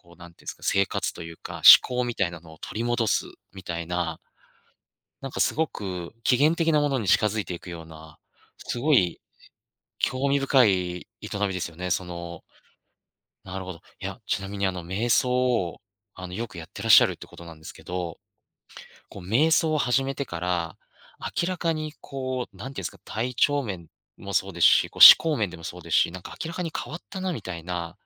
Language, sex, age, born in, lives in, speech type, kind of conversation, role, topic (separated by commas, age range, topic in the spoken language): Japanese, male, 35-39, Japan, Japan, conversation, podcast, host, 自然の中でマインドフルネスを始めるには、まず何をすればよいですか？